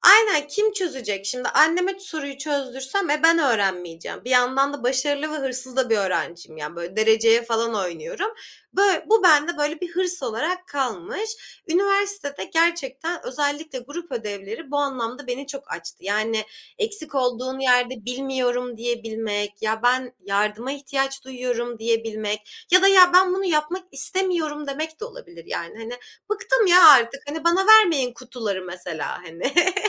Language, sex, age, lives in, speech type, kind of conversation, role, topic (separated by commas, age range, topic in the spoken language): Turkish, female, 25-29, Germany, conversation, podcast, guest, Bir projede takıldığın zaman ilk olarak ne yaparsın?